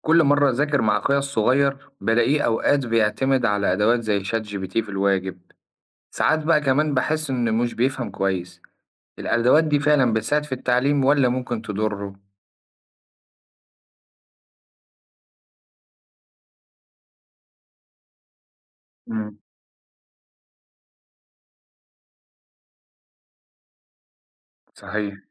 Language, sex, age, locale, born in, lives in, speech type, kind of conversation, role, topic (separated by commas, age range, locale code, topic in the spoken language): Arabic, male, 25-29, ar-EG, Egypt, Egypt, read, expert-assistant, asker, فيه كلام كتير عن الذكاء الاصطناعي في التعليم، بس هل فعلاً الأدوات دي بتساعد الطلبة يتعلموا أحسن ولا فيه مبالغة في تأثيرها؟